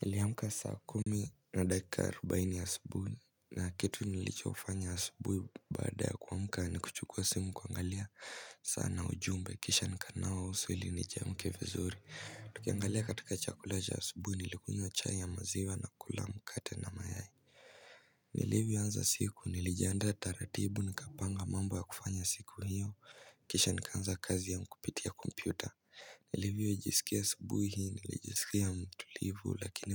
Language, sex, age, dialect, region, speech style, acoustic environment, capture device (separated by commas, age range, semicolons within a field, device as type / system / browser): Swahili, male, 18-24, Kenya - Mombasa, Kenya, stressed, silence, mobile / Linux / Chrome